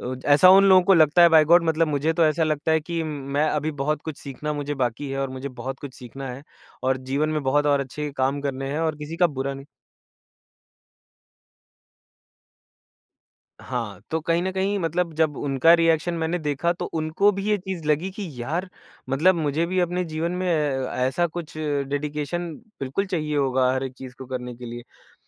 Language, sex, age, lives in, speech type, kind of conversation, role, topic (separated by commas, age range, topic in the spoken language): Hindi, male, 25-29, India, conversation, podcast, guest, Tumhare liye sabse zyada khaas kaun-si travel yaad hai?